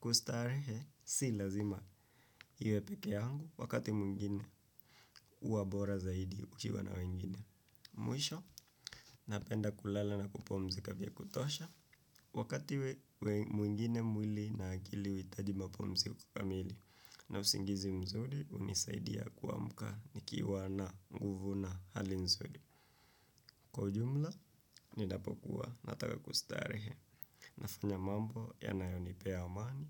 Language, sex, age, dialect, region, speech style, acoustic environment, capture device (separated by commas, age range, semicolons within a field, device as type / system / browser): Swahili, male, 18-24, Kenya - Nairobi Sheng-influenced, Kenya, relaxed, silence, desktop / Windows / Chrome